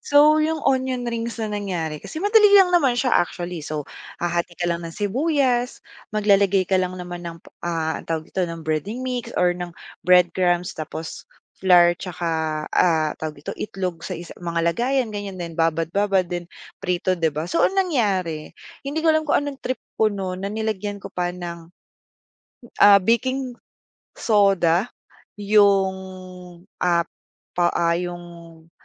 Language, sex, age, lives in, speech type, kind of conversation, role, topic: Filipino, female, 25-29, Philippines, conversation, podcast, guest, Ano ang pinaka-hindi mo malilimutang pagkakamali mo sa kusina, at ano ang natutuhan mo mula rito?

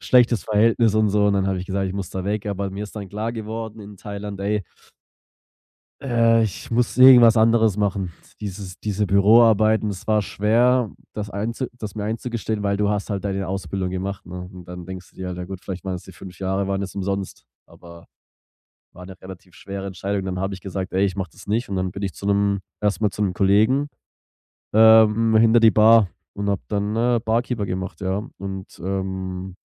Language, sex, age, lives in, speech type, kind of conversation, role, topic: German, male, 25-29, Germany, conversation, podcast, guest, Wie lehrt dich die Natur, langsamer zu werden?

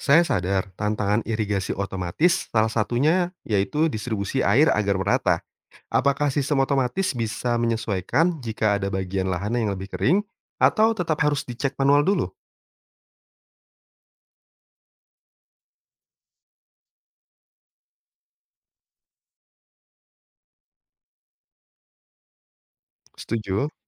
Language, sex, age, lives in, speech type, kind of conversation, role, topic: Indonesian, male, 30-34, Indonesia, read, expert-assistant, asker, Kalau saya mau tahu teknologi pertanian yang sedang populer sekarang, ke mana sebaiknya saya mencari info yang mudah dipahami?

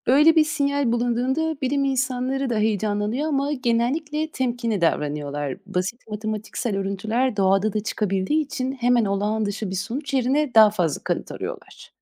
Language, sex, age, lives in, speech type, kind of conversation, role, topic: Turkish, female, 30-34, Netherlands, read, expert-assistant, expert, Uzayda yaşam ararken hangi yanlış sinyaller bizi yanıltıyor olabilir?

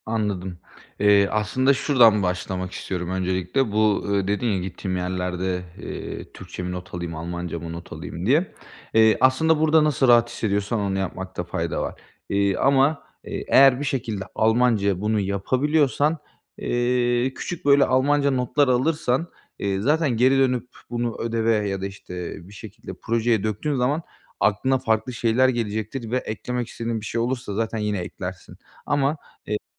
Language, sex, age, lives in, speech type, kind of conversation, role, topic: Turkish, male, 25-29, Bulgaria, conversation, advice, advisor, Zor bir işe başlamadan önce motivasyonumu nasıl artırıp görevlerimi nasıl önceliklendirebilirim?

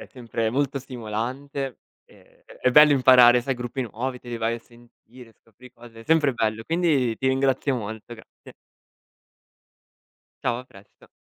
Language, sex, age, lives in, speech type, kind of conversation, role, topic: Italian, male, 25-29, Italy, conversation, podcast, guest, Come hai scoperto la musica che ascolti ancora oggi?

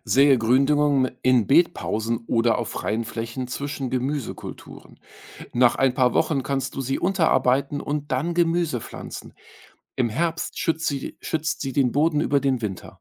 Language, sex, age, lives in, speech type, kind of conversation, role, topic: German, male, 45-49, Germany, read, expert-assistant, expert, Welche Strategien helfen dabei, nachhaltige Landwirtschaft Schritt für Schritt zu lernen und im Alltag umzusetzen?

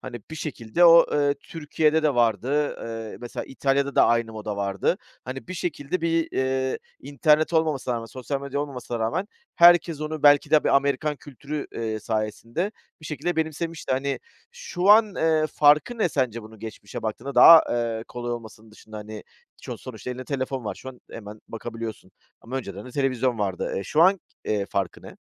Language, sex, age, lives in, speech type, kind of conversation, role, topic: Turkish, male, 40-44, Greece, conversation, podcast, host, Sosyal medyadaki trendler gerçek stili nasıl şekillendiriyor?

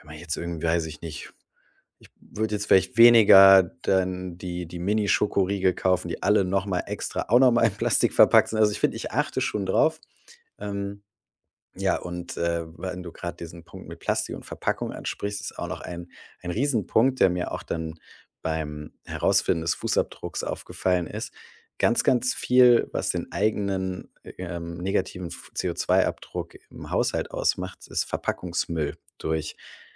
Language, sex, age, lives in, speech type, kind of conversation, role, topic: German, male, 35-39, Germany, conversation, podcast, guest, Was können Menschen zu Hause ganz praktisch fürs Klima tun?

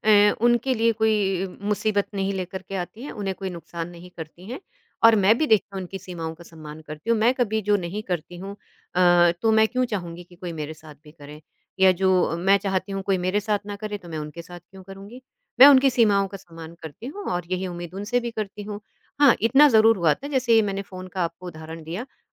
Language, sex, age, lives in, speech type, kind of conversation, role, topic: Hindi, female, 50-54, India, conversation, podcast, guest, आप अपने परिवार को अपनी सीमाएँ कैसे बताती/बताते हैं?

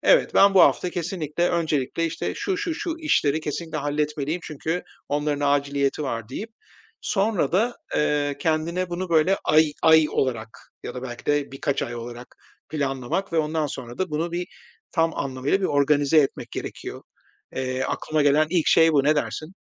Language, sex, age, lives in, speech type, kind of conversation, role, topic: Turkish, male, 45-49, Spain, conversation, advice, advisor, Aynı anda birden fazla görevi yönetmeye çalışırken yaşadığınız verimsizliği nasıl anlatırsınız?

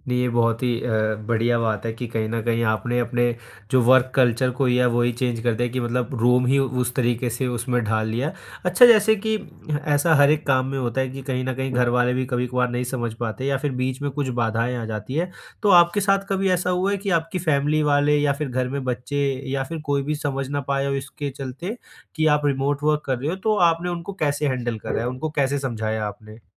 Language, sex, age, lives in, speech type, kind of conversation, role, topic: Hindi, male, 20-24, India, conversation, podcast, host, Remote work ke liye boundaries tum kaise set karte ho?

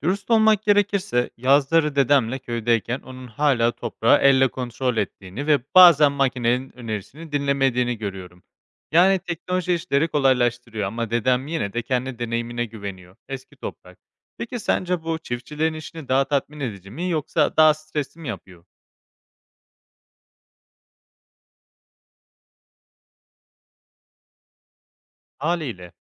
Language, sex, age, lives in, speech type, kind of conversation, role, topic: Turkish, male, 25-29, Spain, read, expert-assistant, asker, Tarımda yapay zekâ ve otomasyonun artması, çiftçiliğin anlamını ve insanın doğayla ilişkisini nasıl değiştiriyor?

